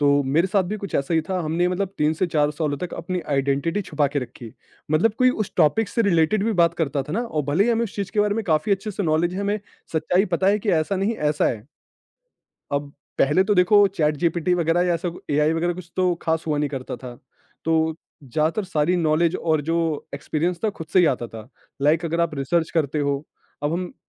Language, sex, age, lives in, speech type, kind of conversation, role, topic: Hindi, male, 25-29, India, conversation, podcast, guest, Kya kabhi aapko apni pehchaan chhupani padi, aur us waqt aapko kaisa mehsoos hua?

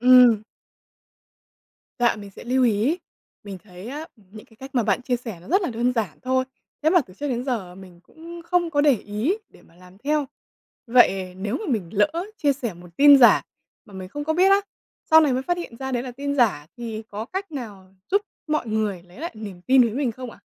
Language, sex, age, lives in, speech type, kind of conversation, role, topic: Vietnamese, female, 20-24, Vietnam, read, expert-assistant, asker, Tác động của việc sử dụng mạng xã hội để học tập và chia sẻ kiến thức là gì?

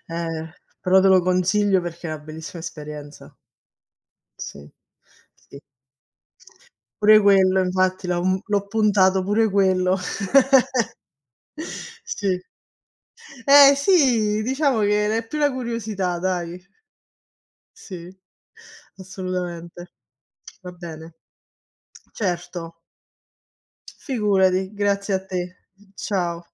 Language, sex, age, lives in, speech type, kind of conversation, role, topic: Italian, female, 30-34, Italy, conversation, unstructured, none, Quali attività fisiche ti fanno sentire più felice?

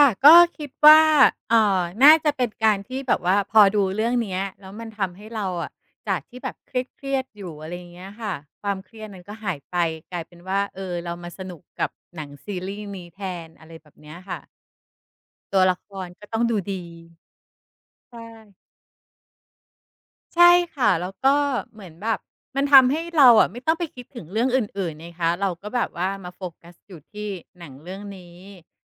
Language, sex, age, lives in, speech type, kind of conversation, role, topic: Thai, female, 45-49, Thailand, conversation, podcast, guest, อะไรทำให้บางซีรีส์กลายเป็นที่พักใจของคนได้?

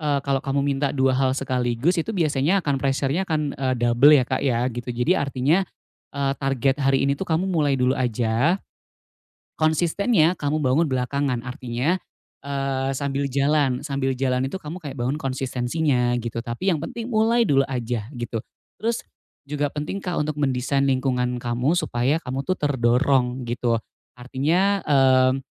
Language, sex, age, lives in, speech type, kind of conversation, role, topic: Indonesian, male, 35-39, Indonesia, conversation, advice, advisor, Bagaimana cara berhenti menunda tugas dengan mengendalikan impuls?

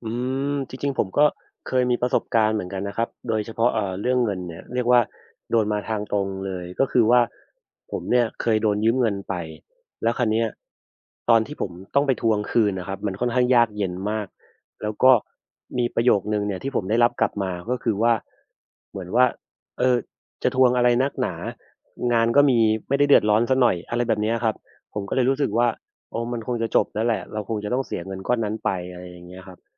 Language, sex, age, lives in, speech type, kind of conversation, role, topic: Thai, male, 30-34, Thailand, conversation, unstructured, none, เมื่อเพื่อนขอความช่วยเหลือแต่คุณไม่อยากช่วย คุณควรทำอย่างไร?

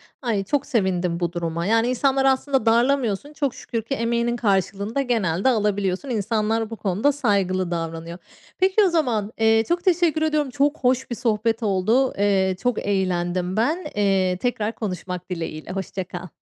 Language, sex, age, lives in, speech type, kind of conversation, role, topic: Turkish, female, 35-39, Spain, conversation, podcast, host, Ağını genişletmek için her gün neler yapıyorsun?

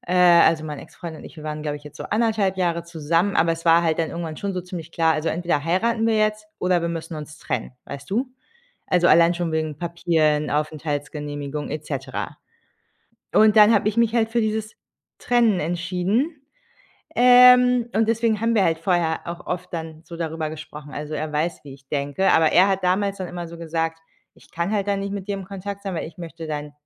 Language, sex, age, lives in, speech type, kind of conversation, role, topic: German, female, 30-34, Germany, conversation, advice, user, Warum fällt es mir so schwer, den Kontakt abzubrechen, und warum melde ich mich trotzdem immer wieder?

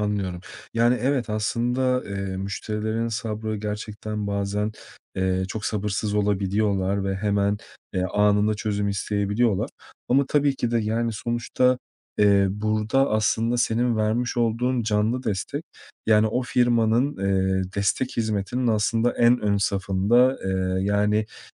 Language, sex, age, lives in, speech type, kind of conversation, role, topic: Turkish, male, 30-34, Portugal, conversation, advice, advisor, Sürekli olumsuz geri bildirim aldığım bir projede motivasyonumu nasıl koruyabilirim?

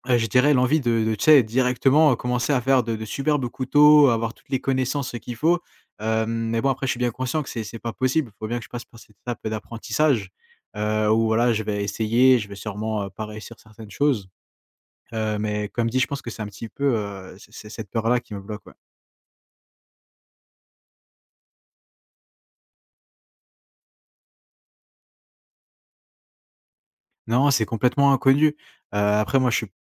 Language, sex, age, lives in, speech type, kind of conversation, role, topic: French, male, 20-24, France, conversation, advice, user, Comment arrêter de procrastiner malgré des ambitions claires et transformer mes idées en actions concrètes ?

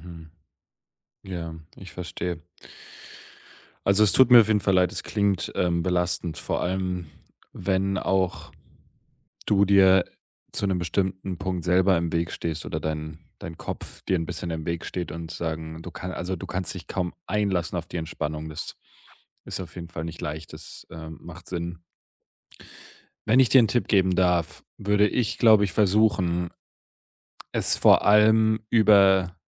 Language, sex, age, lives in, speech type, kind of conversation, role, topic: German, male, 25-29, Germany, conversation, advice, advisor, Wie bleibe ich motiviert, ohne auszubrennen oder zu hart mit mir zu sein?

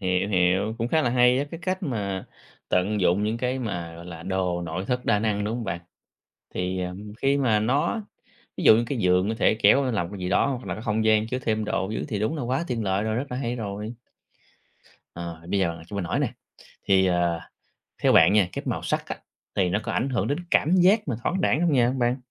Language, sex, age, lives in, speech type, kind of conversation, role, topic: Vietnamese, male, 30-34, Vietnam, conversation, podcast, host, Có mẹo nào để căn hộ nhỏ vẫn trông thoáng hơn không?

